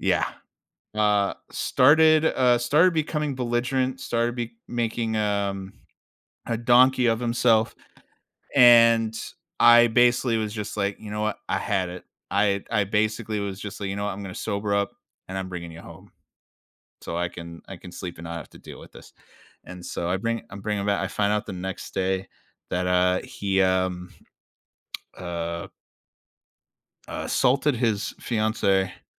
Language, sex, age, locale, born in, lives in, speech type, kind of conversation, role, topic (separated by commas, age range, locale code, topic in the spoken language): English, male, 30-34, en-US, United States, United States, conversation, podcast, guest, How do friendships endure and grow stronger over the years?